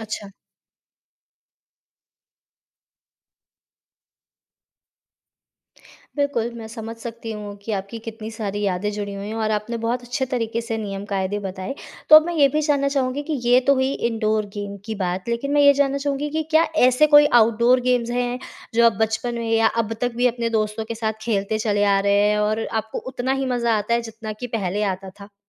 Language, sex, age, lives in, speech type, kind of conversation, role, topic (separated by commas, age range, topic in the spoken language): Hindi, female, 25-29, India, conversation, podcast, host, दोस्तों के साथ खेलते समय सबसे ज़्यादा मज़ा कैसे आता है?